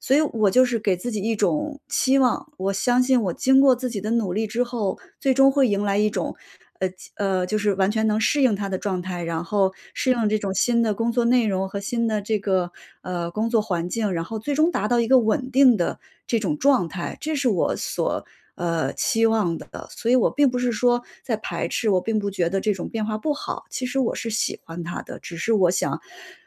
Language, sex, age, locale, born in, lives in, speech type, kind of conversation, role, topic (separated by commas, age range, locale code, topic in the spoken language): Chinese, female, 35-39, zh-CN, China, United States, conversation, advice, user, 在生活或工作发生重大变化时，我该如何保持内心稳定并更有弹性？